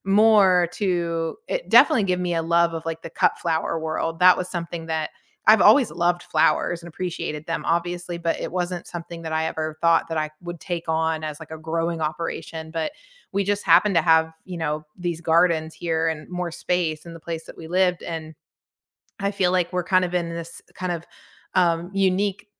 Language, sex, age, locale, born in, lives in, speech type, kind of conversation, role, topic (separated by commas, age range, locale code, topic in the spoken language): English, female, 40-44, en-US, United States, United States, conversation, unstructured, none, What hobby have you picked up recently, and why has it stuck?